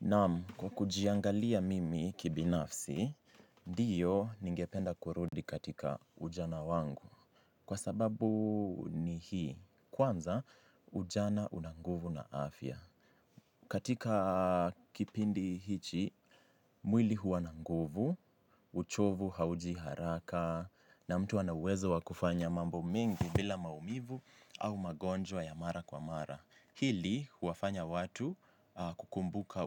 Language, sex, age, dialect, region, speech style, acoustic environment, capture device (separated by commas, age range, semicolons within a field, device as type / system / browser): Swahili, male, 18-24, Kenya - Mombasa, Kenya, relaxed, silence, mobile / Linux / Chrome